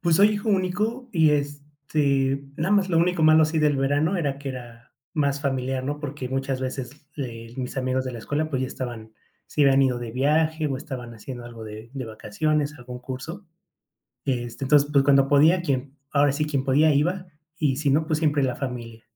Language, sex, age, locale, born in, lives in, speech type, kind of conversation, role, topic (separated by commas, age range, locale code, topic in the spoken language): Spanish, male, 30-34, es-MX, Mexico, Mexico, conversation, podcast, guest, ¿Cómo celebraban las fiestas en tu familia cuando eras niño?